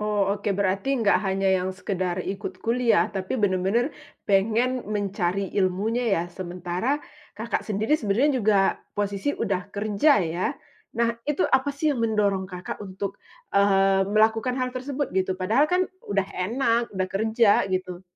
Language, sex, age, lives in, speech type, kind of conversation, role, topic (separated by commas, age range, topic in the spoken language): Indonesian, female, 35-39, Indonesia, conversation, podcast, host, Kapan terakhir kali kamu mencoba belajar sesuatu di luar zona nyamanmu?